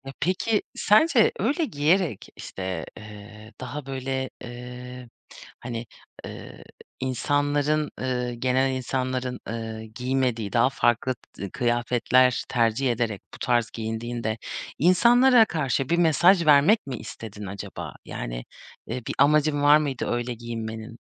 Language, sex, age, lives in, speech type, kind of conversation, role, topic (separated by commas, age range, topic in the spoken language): Turkish, female, 30-34, Germany, conversation, podcast, host, Sence kıyafetlerin seni nasıl tanımlıyor?